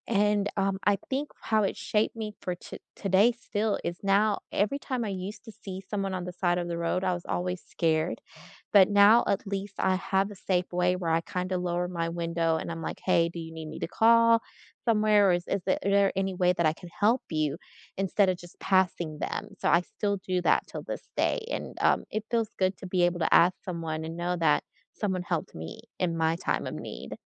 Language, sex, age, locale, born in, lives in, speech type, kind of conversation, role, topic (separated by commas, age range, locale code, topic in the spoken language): English, female, 35-39, en-US, United States, United States, conversation, unstructured, none, What’s a kindness from a stranger you’ll never forget, and how did it shape you?